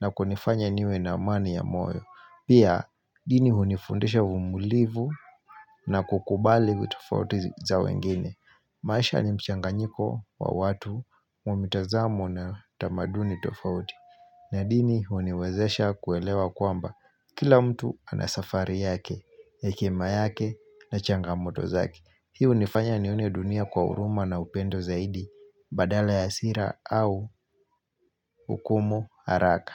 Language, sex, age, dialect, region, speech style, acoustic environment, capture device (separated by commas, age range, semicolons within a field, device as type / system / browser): Swahili, male, 25-34, Kenya - Mombasa, Kenya, focused; relaxed, nature, mobile / Linux / Chrome